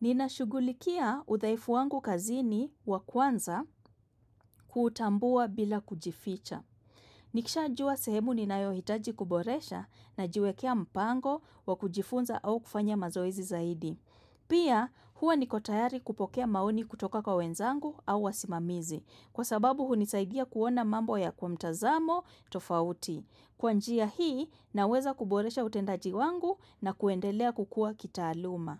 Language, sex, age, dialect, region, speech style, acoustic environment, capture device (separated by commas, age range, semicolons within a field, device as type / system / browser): Swahili, female, 25-34, Kenya - Nairobi Sheng-influenced, Kenya, relaxed, silence, desktop / macOS / Chrome